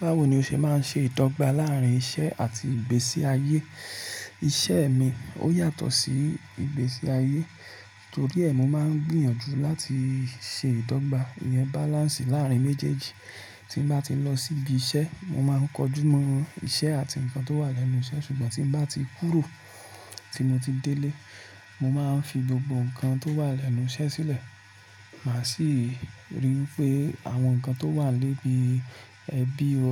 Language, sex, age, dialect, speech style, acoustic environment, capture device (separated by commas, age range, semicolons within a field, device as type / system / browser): Yoruba, male, 25-34, Nigeria - Ibadan, relaxed, appliances, mobile / Linux / Chrome